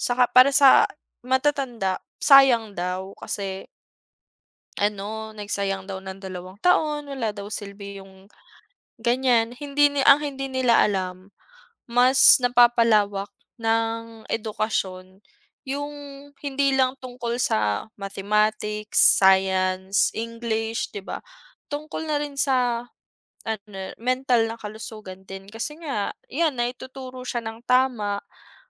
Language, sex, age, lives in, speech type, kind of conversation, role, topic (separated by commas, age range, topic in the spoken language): Filipino, female, 25-29, Philippines, conversation, unstructured, none, Paano mo hinaharap ang panghuhusga ng iba tungkol sa kalusugang pangkaisipan?